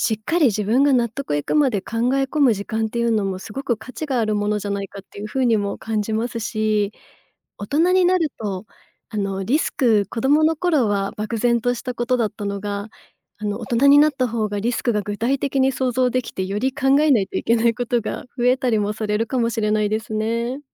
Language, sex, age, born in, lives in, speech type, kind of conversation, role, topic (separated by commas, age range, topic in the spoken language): Japanese, female, 35-39, Japan, Japan, conversation, advice, advisor, 変化や不確実さに不安を感じて決断できないとき、どのような気持ちになりますか？